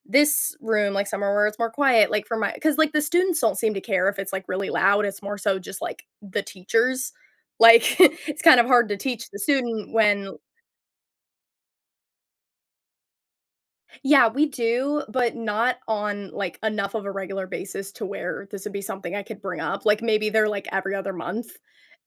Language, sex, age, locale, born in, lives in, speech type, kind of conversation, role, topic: English, female, 20-24, en-US, United States, United States, conversation, advice, user, How can I manage daily responsibilities without feeling constantly stressed?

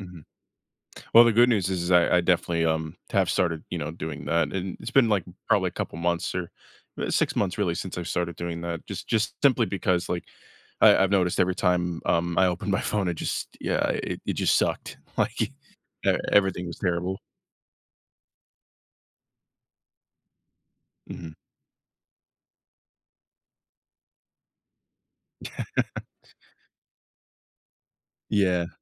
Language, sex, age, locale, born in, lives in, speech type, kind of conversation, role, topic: English, male, 25-29, en-US, United States, United States, conversation, advice, user, How can I manage financial instability and feel more secure?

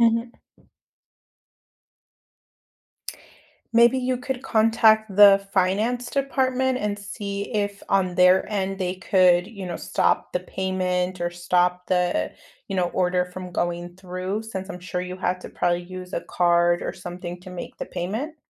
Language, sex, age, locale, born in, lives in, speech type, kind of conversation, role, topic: English, female, 30-34, en-US, United States, United States, conversation, advice, advisor, How can I rebuild my confidence and move forward after a major mistake?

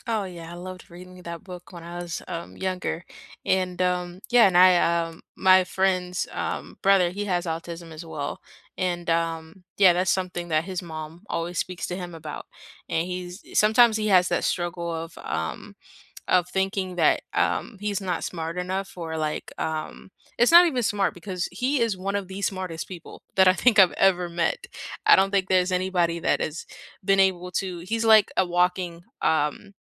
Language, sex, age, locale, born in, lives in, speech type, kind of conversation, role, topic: English, female, 18-19, en-US, United States, United States, conversation, unstructured, none, Which books from school have stayed with you?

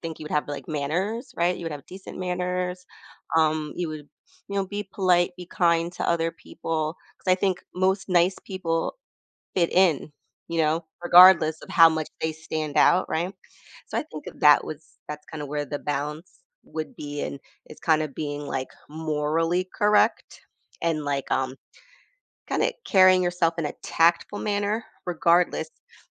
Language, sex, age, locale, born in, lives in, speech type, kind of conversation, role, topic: English, female, 40-44, en-US, United States, United States, conversation, podcast, guest, Why do we seek both acceptance and individuality in our lives?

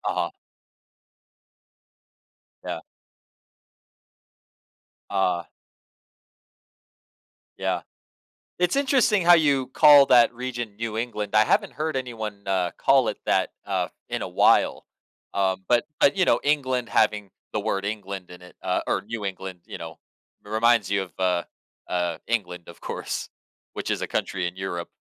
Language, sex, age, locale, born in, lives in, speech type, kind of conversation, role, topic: English, male, 30-34, en-US, United States, United States, conversation, podcast, host, How can travel shape your perspective or personal growth?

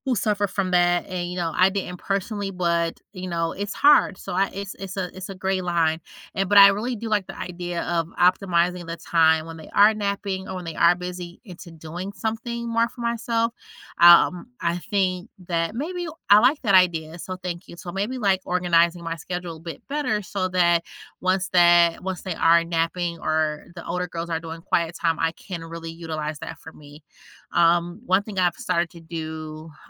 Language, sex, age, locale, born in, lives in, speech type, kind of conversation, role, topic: English, female, 35-39, en-US, United States, United States, conversation, advice, user, How do I prepare emotionally and practically for the first weeks after our baby arrives?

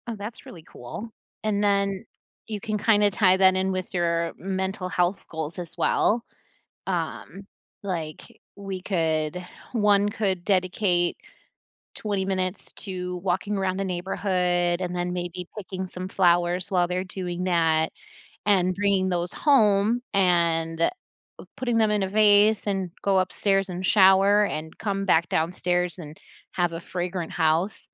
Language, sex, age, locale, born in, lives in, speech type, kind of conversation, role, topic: English, female, 40-44, en-US, United States, United States, conversation, podcast, host, In what ways does regular physical activity support emotional and mental well-being?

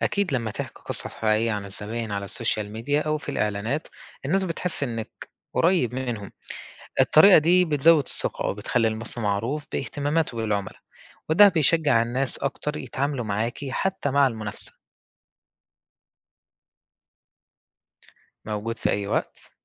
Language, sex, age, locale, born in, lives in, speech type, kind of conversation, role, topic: Arabic, male, 20-24, ar-EG, Egypt, Egypt, read, expert-assistant, expert, إزاي ممكن الشركات تلاقي طرق مختلفة علشان تحقق ميزة تنافسية بعيد عن الأسعار؟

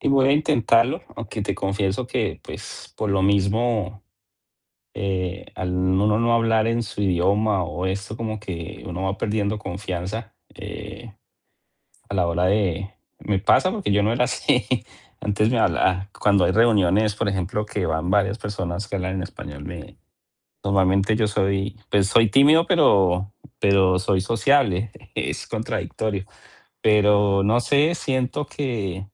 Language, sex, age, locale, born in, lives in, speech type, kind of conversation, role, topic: Spanish, male, 40-44, es-CO, Colombia, United States, conversation, advice, user, ¿Cómo puedo alcanzar metas materiales sin sentir satisfacción personal?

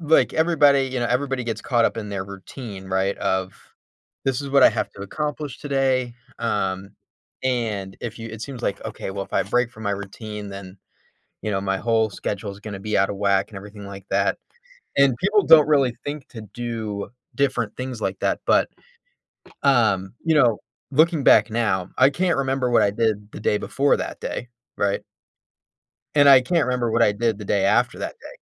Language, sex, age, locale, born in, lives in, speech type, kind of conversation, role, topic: English, male, 25-29, en-US, United States, United States, conversation, unstructured, none, How have your childhood experiences with loved ones influenced who you are today?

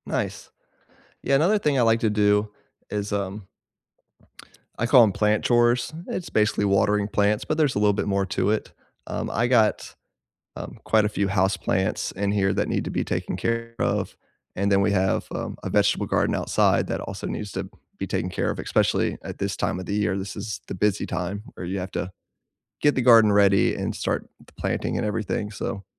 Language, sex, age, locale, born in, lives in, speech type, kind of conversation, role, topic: English, male, 35-39, en-US, United States, United States, conversation, unstructured, none, What small joys brighten your week?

